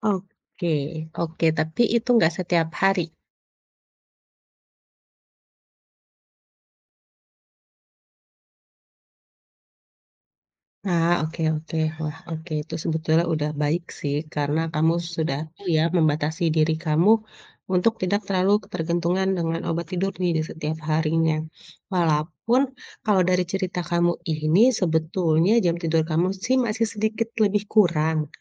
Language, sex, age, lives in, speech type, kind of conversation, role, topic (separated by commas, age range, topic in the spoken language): Indonesian, female, 35-39, Indonesia, conversation, advice, advisor, Mengapa saya sering bangun terlalu pagi dan masih merasa belum segar?